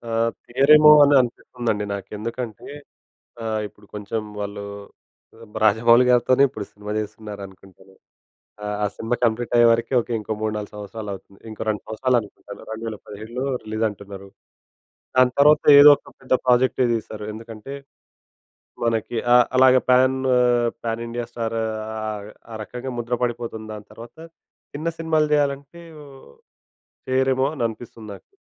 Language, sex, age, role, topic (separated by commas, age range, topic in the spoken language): Telugu, male, 25-29, guest, పాత సినిమాలు మళ్లీ చూసేటప్పుడు మీకు ఎలా అనిపిస్తుంది?